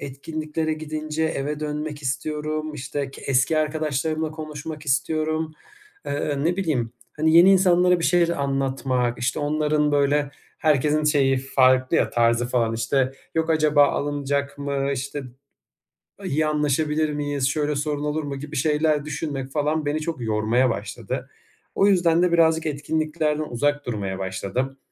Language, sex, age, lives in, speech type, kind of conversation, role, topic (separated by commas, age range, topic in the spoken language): Turkish, male, 25-29, Germany, conversation, advice, user, Sosyal etkinliklerden sonra enerjimi nasıl daha iyi koruyup dinlenebilirim?